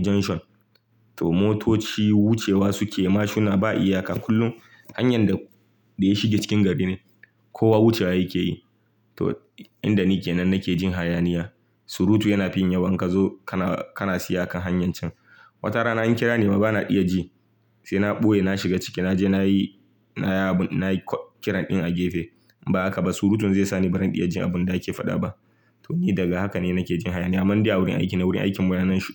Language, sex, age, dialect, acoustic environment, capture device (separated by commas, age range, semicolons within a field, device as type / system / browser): Hausa, male, 25-34, Nigeria - Kano, silence, mobile / Linux / Chrome